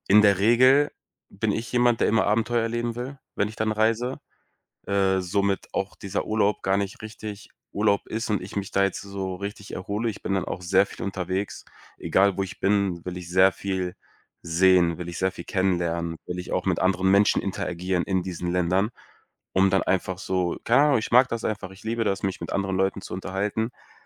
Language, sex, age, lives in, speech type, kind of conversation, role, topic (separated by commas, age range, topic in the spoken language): German, male, 25-29, Germany, conversation, advice, user, Wie kann ich meine Ziele klar priorisieren, wenn ich zu viele gleichzeitig verfolge?